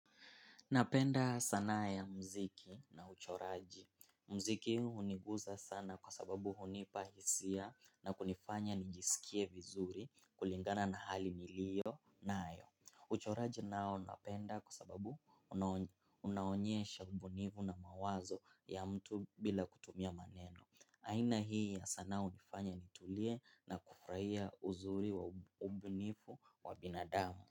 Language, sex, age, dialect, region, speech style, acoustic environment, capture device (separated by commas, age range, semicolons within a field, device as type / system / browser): Swahili, male, 18-24, Kenya - Mombasa, Kenya, focused; excited; happy; relaxed, silence, mobile / Linux / Chrome